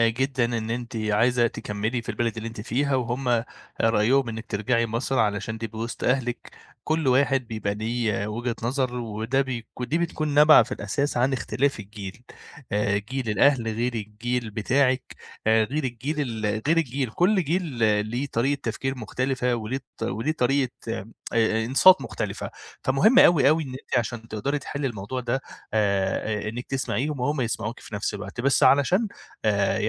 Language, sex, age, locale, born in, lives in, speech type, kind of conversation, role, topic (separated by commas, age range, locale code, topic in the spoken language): Arabic, male, 25-29, ar-EG, Egypt, Egypt, conversation, advice, advisor, إزاي أوازن بين احترام رغبات أهلي واستقلاليتي الشخصية؟